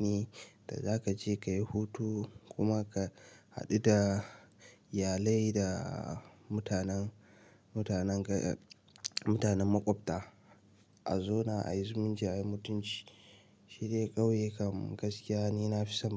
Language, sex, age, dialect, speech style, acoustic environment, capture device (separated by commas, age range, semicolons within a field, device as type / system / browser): Hausa, male, 18-24, Nigeria - Nigerian Standard, relaxed, appliances, mobile / Linux / Chrome